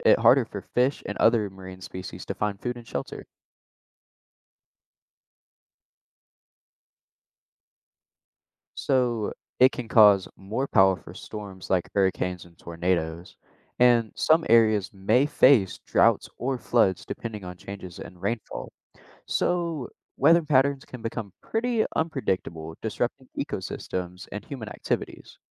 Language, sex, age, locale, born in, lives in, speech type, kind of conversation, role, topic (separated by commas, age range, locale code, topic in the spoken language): English, male, 18-19, en-US, United States, United States, read, expert-assistant, expert, How does climate change impact the environment?